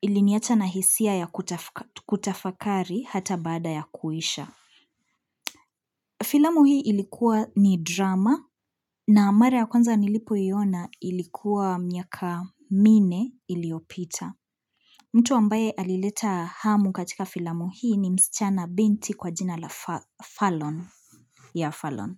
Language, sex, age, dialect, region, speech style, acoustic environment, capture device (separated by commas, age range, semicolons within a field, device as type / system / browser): Swahili, female, 25-34, Kenya - Nairobi Sheng-influenced, Kenya, happy; relaxed, people talking; appliances, mobile / Linux / Chrome